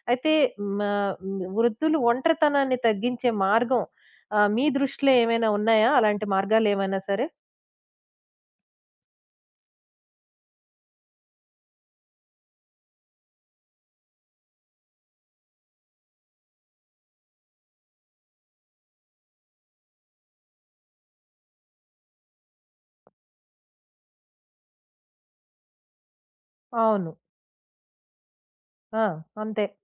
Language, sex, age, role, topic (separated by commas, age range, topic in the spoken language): Telugu, female, 20-24, host, వృద్ధుల ఒంటరితనాన్ని తగ్గించడానికి మీరు సూచించే మార్గాలు ఏమిటి?